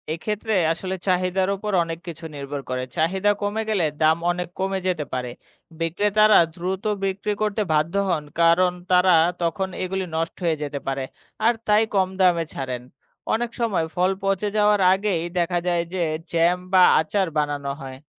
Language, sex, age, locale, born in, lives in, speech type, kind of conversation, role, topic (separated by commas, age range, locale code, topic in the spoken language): Bengali, male, 25-29, bn-BD, Bangladesh, Bangladesh, read, expert-assistant, expert, আপনি কীভাবে ব্যাখ্যা করবেন, কেন ভরা মৌসুমে ফলের দাম কমে যায় আর অফ-সিজনে বাড়ে? কোনো পরিচিত ঘটনা দিয়ে বোঝাতে পারেন?